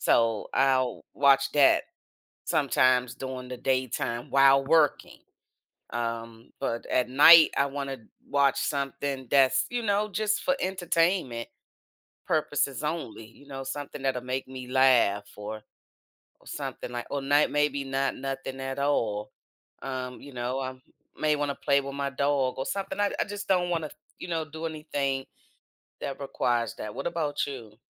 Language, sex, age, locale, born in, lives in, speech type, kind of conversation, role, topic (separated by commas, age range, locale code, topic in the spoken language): English, female, 55-59, en-US, United States, United States, conversation, unstructured, none, Do early mornings or late nights suit you better?